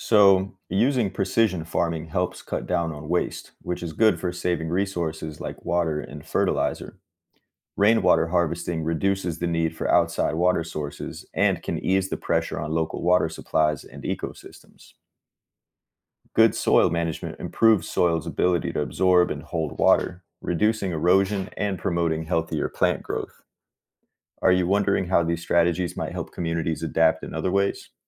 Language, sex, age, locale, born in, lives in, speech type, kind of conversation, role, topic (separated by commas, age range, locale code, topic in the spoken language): English, male, 30-34, en-US, United States, United States, read, expert-assistant, expert, What is the water cycle and how does it work?